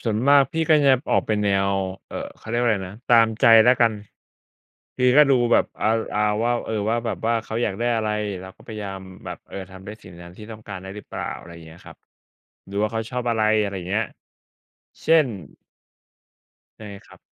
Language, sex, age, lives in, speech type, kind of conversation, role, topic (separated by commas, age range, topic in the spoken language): Thai, male, 35-39, Thailand, conversation, unstructured, none, ถ้าคุณอยากทำให้คนรักมีความสุข คุณจะทำอย่างไร?